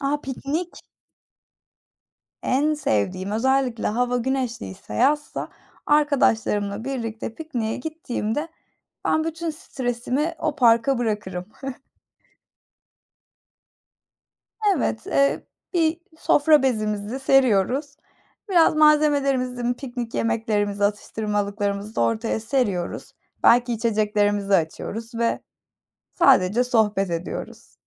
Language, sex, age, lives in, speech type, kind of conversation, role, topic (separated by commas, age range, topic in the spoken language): Turkish, female, 25-29, Germany, conversation, unstructured, none, En son yaşadığın küçük mutluluk neydi?